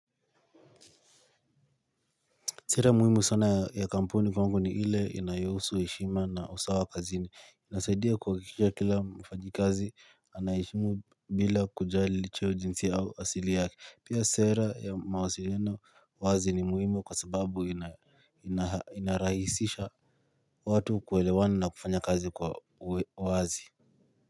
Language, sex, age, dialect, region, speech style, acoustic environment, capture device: Swahili, male, 18-24, Kenya - Nairobi Sheng-influenced, Kenya, focused, silence, mobile / Linux / Chrome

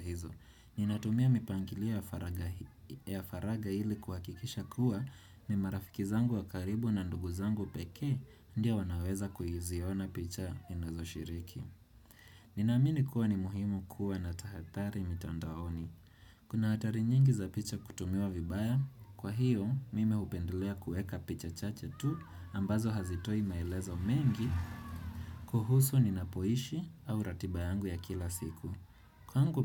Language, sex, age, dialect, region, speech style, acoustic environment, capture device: Swahili, male, 25-34, Kenya - Nairobi Sheng-influenced, Kenya, relaxed, nature; people talking; traffic, desktop / Windows / Chrome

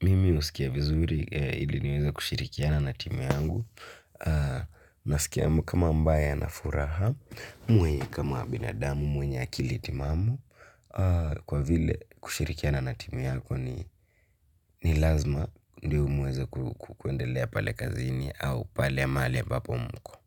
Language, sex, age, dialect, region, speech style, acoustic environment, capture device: Swahili, male, 18-24, Kenya - Nairobi Sheng-influenced, Kenya, focused; excited; happy; relaxed, silence, mobile / Linux / Chrome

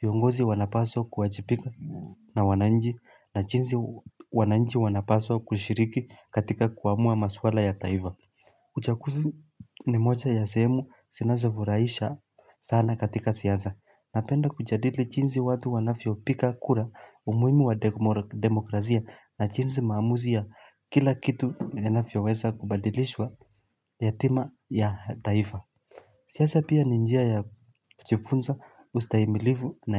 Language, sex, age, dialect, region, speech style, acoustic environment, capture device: Swahili, male, 25-34, Kenya - Mombasa, Kenya, happy; focused, silence, mobile / Linux / Chrome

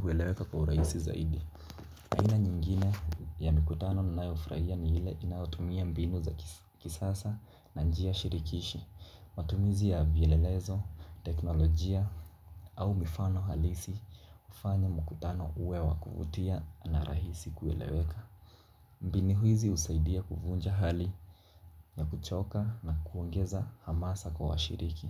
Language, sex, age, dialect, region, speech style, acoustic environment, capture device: Swahili, male, 18-24, Kenya - Mombasa, Kenya, focused, people talking; nature, mobile / Linux / Chrome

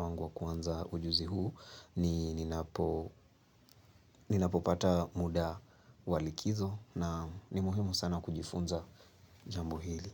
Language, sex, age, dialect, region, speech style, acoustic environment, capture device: Swahili, male, 18-24, Kenya - Nairobi Sheng-influenced, Kenya, happy, nature, mobile / Linux / Chrome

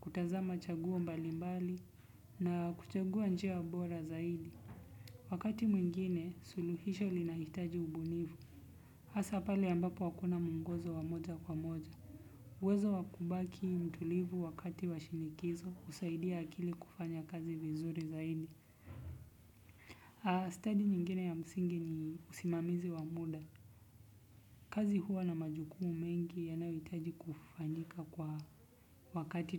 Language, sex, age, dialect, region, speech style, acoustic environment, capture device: Swahili, male, 25-34, Kenya - Nairobi Sheng-influenced, Kenya, relaxed, nature, desktop / Windows / Chrome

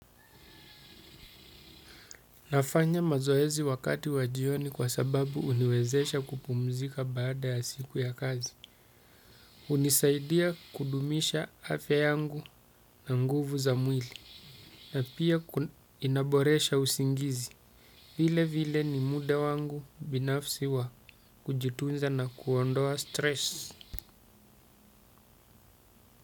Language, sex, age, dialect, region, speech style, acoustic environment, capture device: Swahili, male, 35-44, Kenya - Mombasa, Kenya, happy, silence, desktop / Windows / Chrome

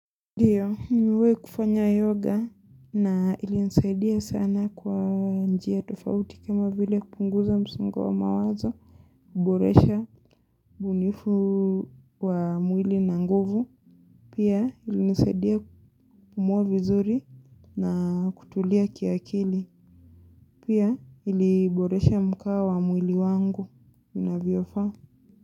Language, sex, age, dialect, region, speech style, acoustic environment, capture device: Swahili, female, 25-34, Kenya - Mombasa, Kenya, excited, silence, mobile / Linux / Chrome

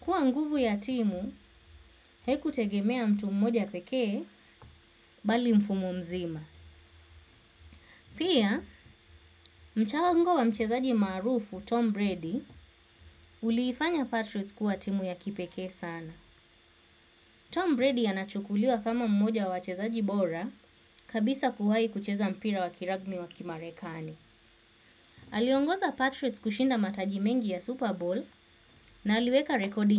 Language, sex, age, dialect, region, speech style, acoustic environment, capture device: Swahili, female, 25-34, Kenya - Mombasa, Kenya, focused; relaxed, silence, desktop / Windows / Chrome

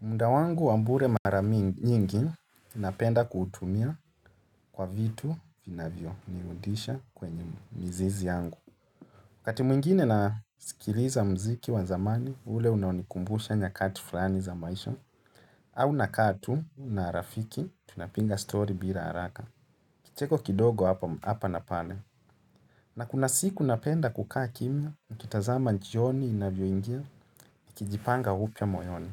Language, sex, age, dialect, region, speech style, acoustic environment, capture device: Swahili, male, 25-34, Kenya - Nairobi Sheng-influenced, Kenya, relaxed, nature; silence, mobile / Linux / Chrome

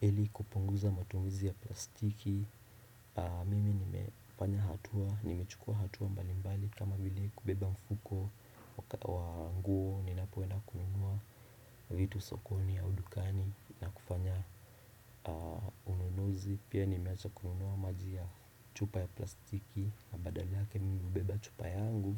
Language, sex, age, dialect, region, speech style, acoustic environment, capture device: Swahili, male, 18-24, Kenya - Nairobi Sheng-influenced, Kenya, relaxed, people talking; appliances; nature, desktop / Windows / Chrome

